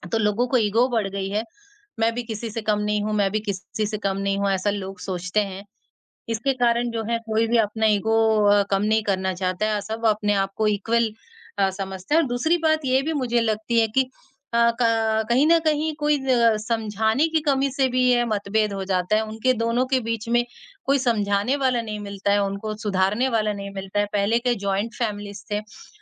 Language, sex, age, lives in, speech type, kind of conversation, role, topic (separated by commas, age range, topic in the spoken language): Hindi, male, 18-19, India, conversation, unstructured, none, किसी मतभेद को सुलझाने में सबसे बड़ी रुकावट क्या होती है?